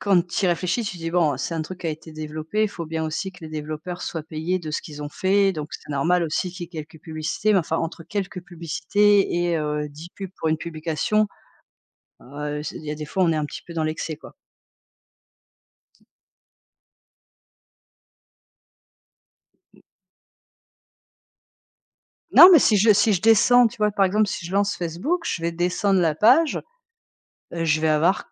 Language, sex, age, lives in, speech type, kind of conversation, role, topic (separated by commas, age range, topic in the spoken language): French, female, 45-49, France, conversation, unstructured, none, Comment les réseaux sociaux ont-ils transformé vos interactions quotidiennes ?